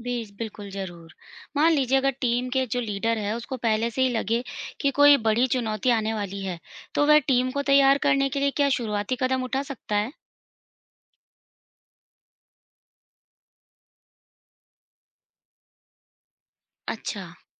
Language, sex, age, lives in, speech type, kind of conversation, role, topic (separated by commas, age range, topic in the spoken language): Hindi, female, 35-39, India, read, expert-assistant, asker, अगर मेरी टीम में कोई बड़ी चुनौती आ जाए, तो एक अच्छा नेता उसे सँभालने के लिए किस तरह की तैयारी कर सकता है?